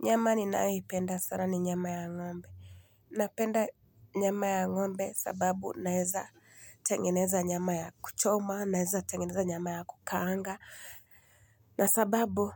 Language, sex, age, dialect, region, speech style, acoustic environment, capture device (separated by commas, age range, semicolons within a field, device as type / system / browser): Swahili, female, 25-34, Kenya - Mombasa, Kenya, happy, silence, mobile / Linux / Chrome